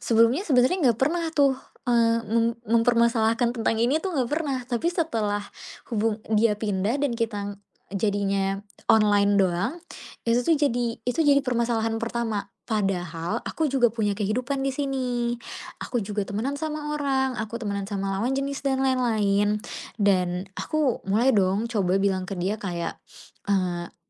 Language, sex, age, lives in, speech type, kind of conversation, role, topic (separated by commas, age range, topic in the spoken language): Indonesian, female, 20-24, Indonesia, conversation, advice, user, Bagaimana saya bisa lebih nyaman mengekspresikan emosi kepada pasangan tanpa merasa merepotkannya?